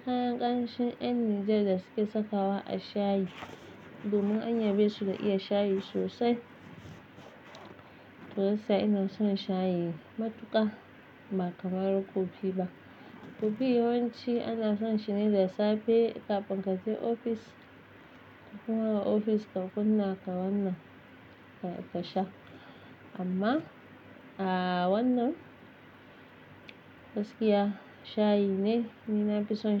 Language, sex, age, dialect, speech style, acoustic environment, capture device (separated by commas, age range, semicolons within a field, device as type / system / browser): Hausa, female, 25-34, Nigeria - Nigerian Standard, happy; excited; relaxed, silence, mobile / Linux / Chrome